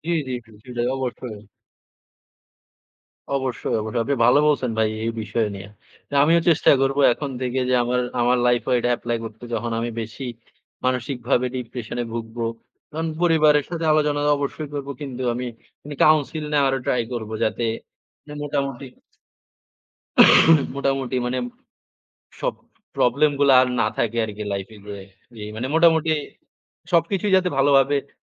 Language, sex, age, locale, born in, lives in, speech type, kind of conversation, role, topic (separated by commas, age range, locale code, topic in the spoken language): Bengali, male, 20-24, bn-BD, Bangladesh, Bangladesh, conversation, unstructured, none, কেন অনেকেই মানসিক স্বাস্থ্য নিয়ে কথা বলতে ভয় পান?